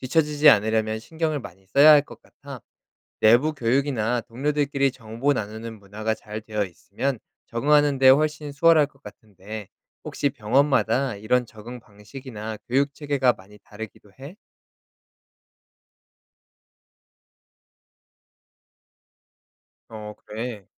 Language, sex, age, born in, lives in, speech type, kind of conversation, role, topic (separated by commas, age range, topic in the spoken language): Korean, male, 30-34, South Korea, South Korea, read, expert-assistant, asker, IT 경력을 가진 사람이 보건행정 분야로 이직하려면 무엇을 준비해야 하나요?